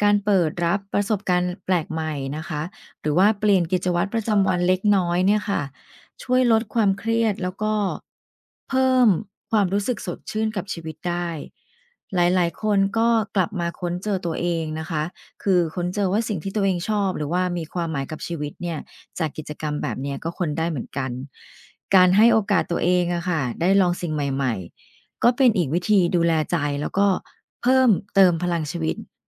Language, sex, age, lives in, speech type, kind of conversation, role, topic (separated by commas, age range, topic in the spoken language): Thai, female, 45-49, Thailand, read, expert-assistant, expert, สุขภาพใจสำคัญกับชีวิตแค่ไหน?